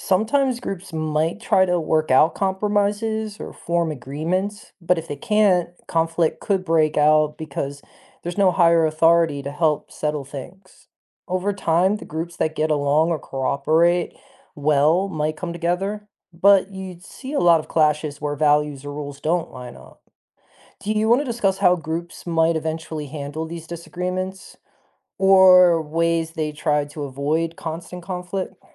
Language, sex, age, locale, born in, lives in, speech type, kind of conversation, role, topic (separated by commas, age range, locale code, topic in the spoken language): English, male, 40-44, en-US, United States, United States, read, expert-assistant, expert, How would society evolve if there were no laws?